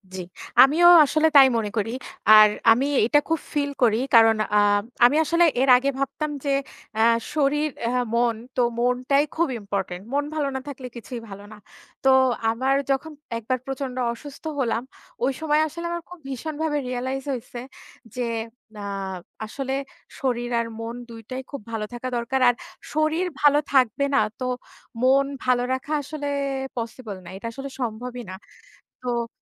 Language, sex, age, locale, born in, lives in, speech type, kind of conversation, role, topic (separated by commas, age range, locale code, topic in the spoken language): Bengali, female, 30-34, bn-BD, Bangladesh, Bangladesh, conversation, unstructured, none, কঠিন সময়ে সুখ খুঁজে পাওয়ার উপায় কী?